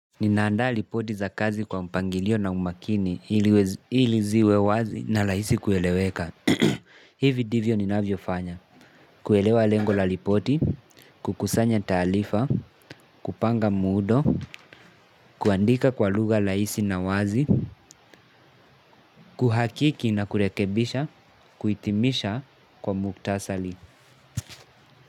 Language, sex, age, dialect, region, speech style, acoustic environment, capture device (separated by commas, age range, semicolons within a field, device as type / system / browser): Swahili, male, 18-24, Kenya - Mombasa, Kenya, relaxed, appliances, mobile / Linux / Chrome